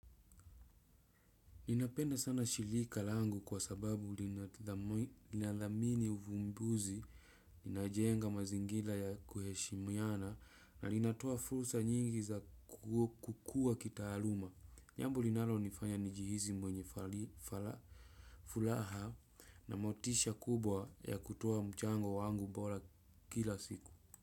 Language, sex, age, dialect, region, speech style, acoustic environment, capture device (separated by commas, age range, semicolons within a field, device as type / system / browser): Swahili, male, 25-34, Kenya - Nairobi Sheng-influenced, Kenya, relaxed, nature, desktop / Windows / Chrome